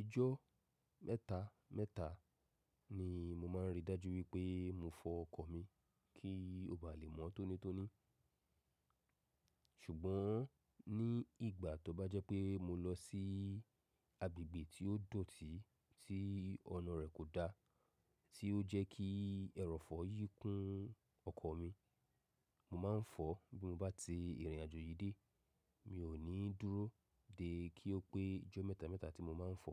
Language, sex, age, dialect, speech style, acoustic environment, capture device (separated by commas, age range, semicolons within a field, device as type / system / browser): Yoruba, male, 35-44, Nigeria - Lagos Yoruba, relaxed, silence, mobile / macOS / Safari